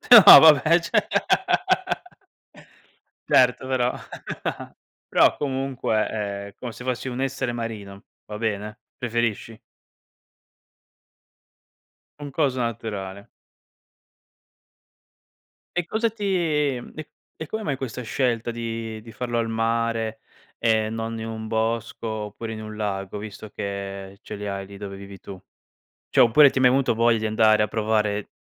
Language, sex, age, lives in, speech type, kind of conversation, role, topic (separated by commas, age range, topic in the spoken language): Italian, male, 25-29, Italy, conversation, podcast, host, Preferisci il mare, il lago o il bosco per meditare?